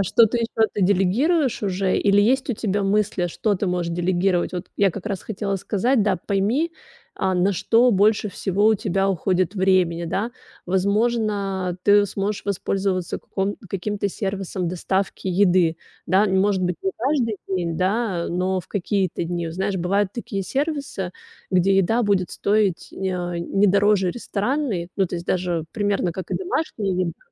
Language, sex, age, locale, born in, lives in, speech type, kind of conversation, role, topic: Russian, female, 35-39, ru-RU, Russia, Italy, conversation, advice, advisor, Почему вам не хватает времени на семью и личные увлечения?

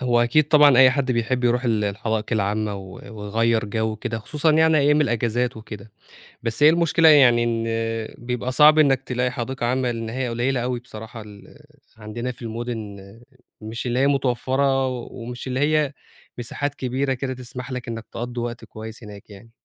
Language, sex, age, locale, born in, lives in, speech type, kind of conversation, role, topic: Arabic, male, 25-29, ar-EG, Egypt, Egypt, conversation, podcast, guest, إيه رأيك في الحدائق العامة في المدن، كفاية ولا ناقصة؟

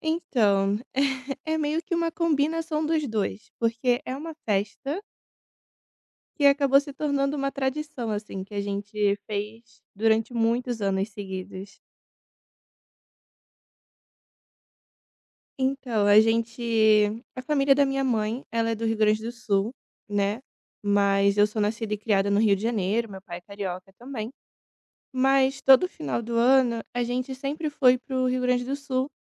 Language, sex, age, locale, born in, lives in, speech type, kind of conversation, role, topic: Portuguese, female, 25-29, pt-BR, Brazil, Italy, conversation, podcast, guest, Qual é uma festa ou tradição familiar inesquecível para você?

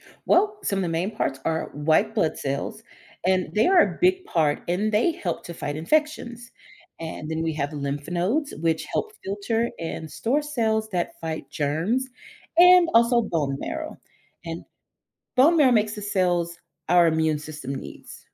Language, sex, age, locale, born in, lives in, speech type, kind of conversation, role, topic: English, female, 35-39, en-US, United States, United States, read, expert-assistant, expert, What is the function of the immune system in the human body?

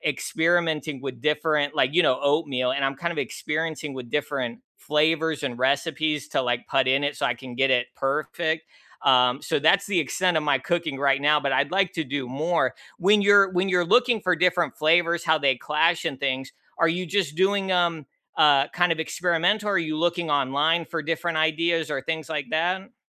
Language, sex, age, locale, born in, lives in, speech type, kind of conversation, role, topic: English, male, 35-39, en-US, United States, United States, conversation, unstructured, none, What new hobby have you started lately, what sparked your interest in it, and how is it going?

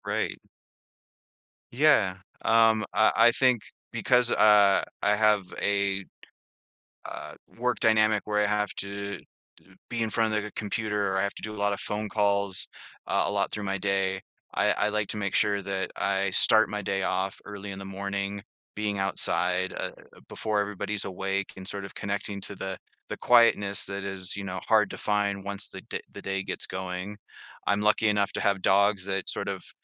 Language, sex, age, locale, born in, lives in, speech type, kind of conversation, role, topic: English, male, 40-44, en-US, Canada, United States, conversation, podcast, guest, How can adopting small daily habits improve our overall well-being?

